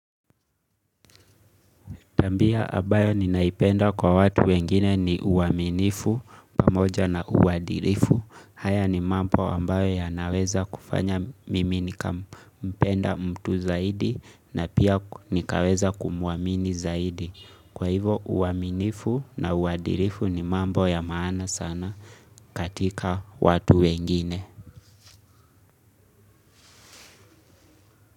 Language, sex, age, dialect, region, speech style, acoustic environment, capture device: Swahili, male, 25-34, Kenya - Nairobi Sheng-influenced, Kenya, relaxed; focused, silence, mobile / Linux / Chrome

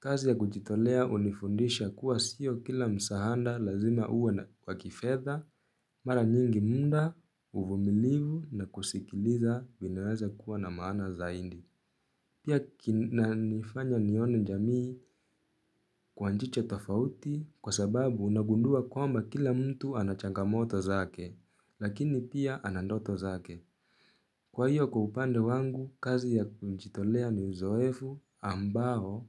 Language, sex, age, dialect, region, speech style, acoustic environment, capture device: Swahili, male, 18-24, Kenya - Nairobi Sheng-influenced, Kenya, focused, silence, mobile / Linux / Chrome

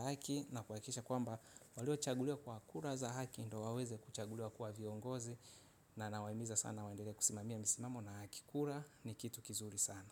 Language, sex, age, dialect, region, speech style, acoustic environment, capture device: Swahili, male, 25-34, Tanzania - Dar es Salaam, Tanzania, relaxed; focused, silence, mobile / Linux / Chrome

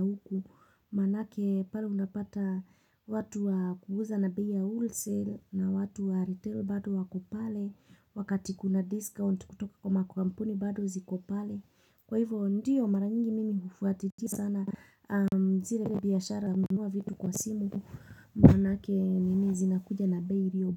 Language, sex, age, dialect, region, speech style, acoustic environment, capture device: Swahili, female, 25-34, Kenya - Nairobi Sheng-influenced, Kenya, focused, nature, mobile / Linux / Chrome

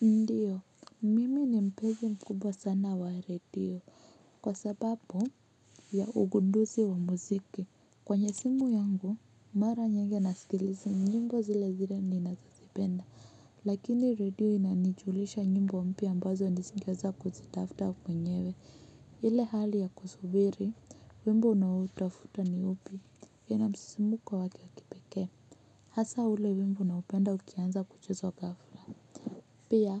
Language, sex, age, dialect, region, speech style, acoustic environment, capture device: Swahili, female, 25-34, Kenya - Nairobi Sheng-influenced, Kenya, relaxed, music, mobile / Linux / Chrome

